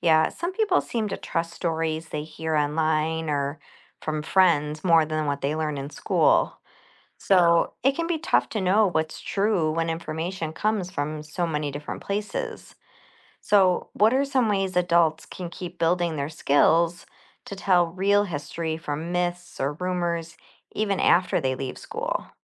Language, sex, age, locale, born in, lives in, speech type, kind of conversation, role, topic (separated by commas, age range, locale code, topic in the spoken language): English, female, 45-49, en-US, United States, United States, read, expert-assistant, asker, How does learning about history help people understand the present?